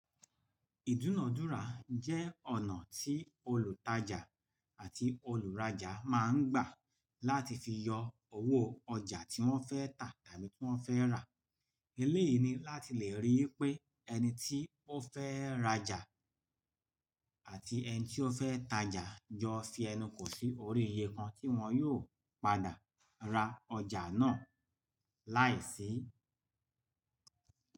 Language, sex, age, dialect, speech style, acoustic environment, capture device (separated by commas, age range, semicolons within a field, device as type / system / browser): Yoruba, male, 45-54, Nigeria - Lagos Yoruba, relaxed, nature; appliances; people talking, desktop / Linux / Chrome